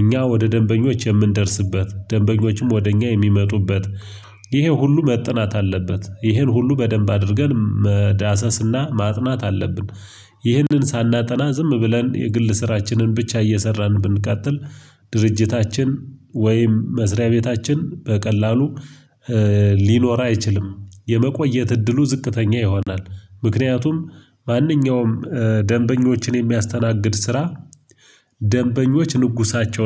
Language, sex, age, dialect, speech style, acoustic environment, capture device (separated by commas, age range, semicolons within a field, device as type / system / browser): Amharic, male, 25-34, Ethiopia - Gojjam, focused, silence, mobile / Linux / Chrome